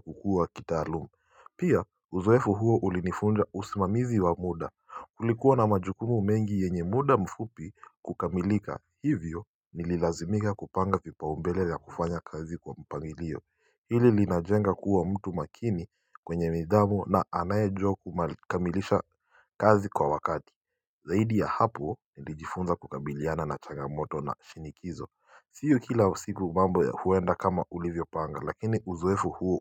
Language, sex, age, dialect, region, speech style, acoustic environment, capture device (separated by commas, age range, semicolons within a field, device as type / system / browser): Swahili, male, 18-24, Kenya - Mombasa, Kenya, relaxed, people talking, mobile / Linux / Chrome